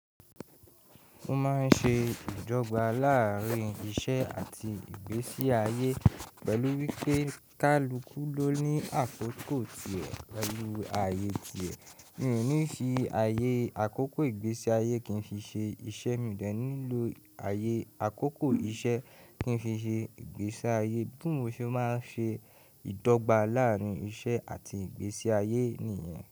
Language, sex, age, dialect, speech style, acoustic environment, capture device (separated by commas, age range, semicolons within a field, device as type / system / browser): Yoruba, male, 18-24, Nigeria - Ibadan, happy, people talking, mobile / Linux / Chrome